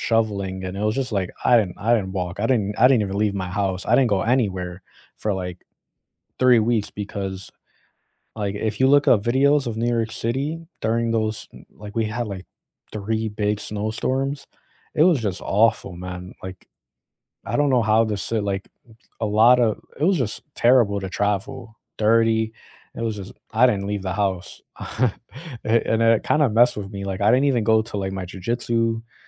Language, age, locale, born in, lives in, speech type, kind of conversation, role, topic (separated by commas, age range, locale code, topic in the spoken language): English, 25-29, en-US, United States, United States, conversation, unstructured, none, Where do you go nearby to clear your head when you need to get outside?